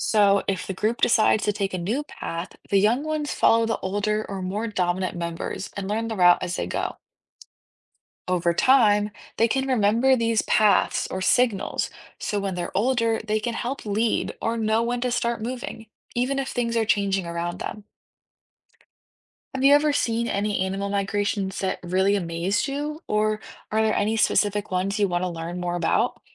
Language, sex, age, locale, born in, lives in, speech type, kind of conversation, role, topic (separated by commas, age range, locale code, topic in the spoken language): English, female, 18-19, en-US, United States, United States, read, expert-assistant, expert, Why do some animals migrate and how do they find their way?